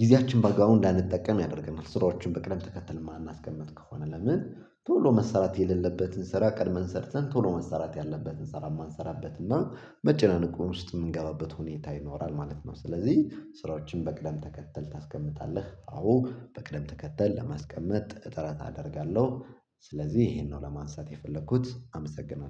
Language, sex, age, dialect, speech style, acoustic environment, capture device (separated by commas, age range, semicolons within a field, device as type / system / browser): Amharic, male, 25-34, Ethiopia - Gojjam, excited, silence, mobile / Linux / Chrome